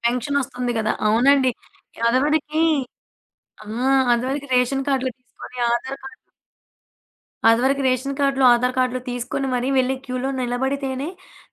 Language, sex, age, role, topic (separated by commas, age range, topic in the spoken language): Telugu, female, 20-24, guest, కొత్త సాంకేతికతపై పెద్దల అభిప్రాయం మీకు ఎలా అనిపిస్తుంది?